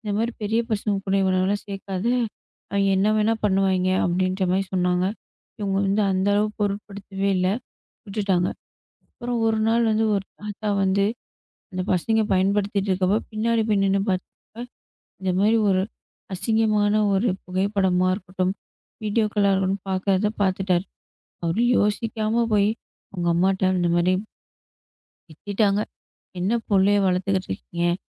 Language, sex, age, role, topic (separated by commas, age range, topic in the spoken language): Tamil, female, 25-29, guest, தொலைபேசி பயன்பாட்டை நான் எப்படி கட்டுப்படுத்தலாம் என்று நீங்கள் என்ன பரிந்துரை செய்வீர்கள்?